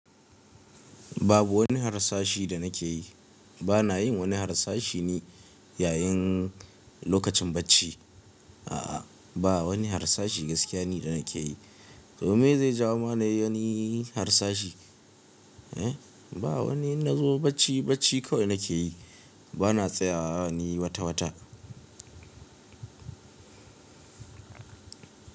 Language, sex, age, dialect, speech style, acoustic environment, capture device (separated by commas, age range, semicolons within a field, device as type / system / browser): Hausa, male, 25-34, Nigeria - Kano, relaxed, nature; silence, mobile / Linux / Chrome